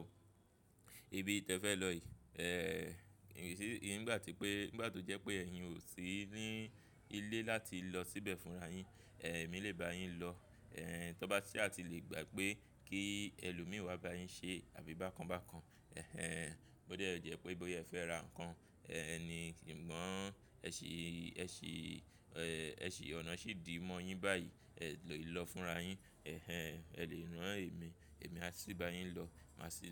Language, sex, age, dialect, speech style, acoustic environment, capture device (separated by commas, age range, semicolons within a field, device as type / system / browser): Yoruba, male, 25-34, Nigeria - Lagos Yoruba, excited; relaxed; focused, music; people talking; nature; traffic, mobile / Linux / Chrome